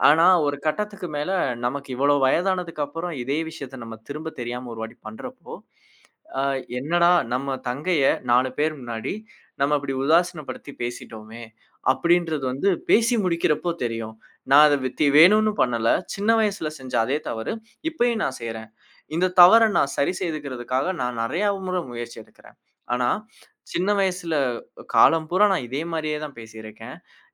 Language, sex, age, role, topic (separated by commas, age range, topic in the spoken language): Tamil, male, 25-29, guest, மீண்டும் அதே தவறை செய்யாமல் இருக்க நீங்கள் எந்தப் பழக்கத்தை மாற்றினீர்கள்?